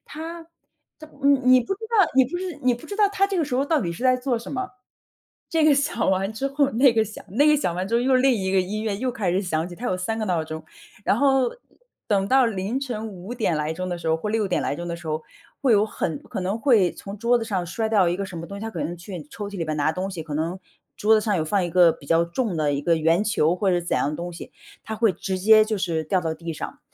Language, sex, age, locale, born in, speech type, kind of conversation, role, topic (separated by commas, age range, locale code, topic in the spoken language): Chinese, female, 35-39, zh-CN, China, conversation, podcast, host, 如何在社区里传递尊重与包容？